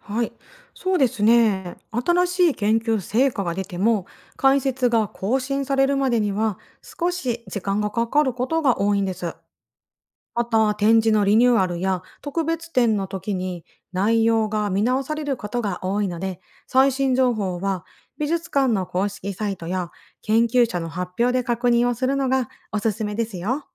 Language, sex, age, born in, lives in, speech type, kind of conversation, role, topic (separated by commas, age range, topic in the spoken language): Japanese, female, 40-44, Japan, Japan, read, expert-assistant, expert, 美術史の面白いエピソードや裏話を見つけるには、どんな調べ方がありますか？